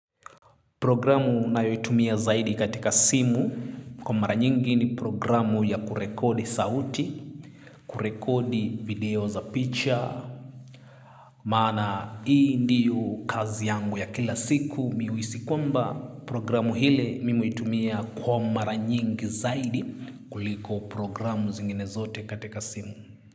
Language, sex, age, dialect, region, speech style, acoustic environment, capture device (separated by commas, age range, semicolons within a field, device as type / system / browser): Swahili, male, 25-34, Kenya - Nairobi Sheng-influenced, Kenya, happy; relaxed; excited; focused, silence, mobile / Linux / Chrome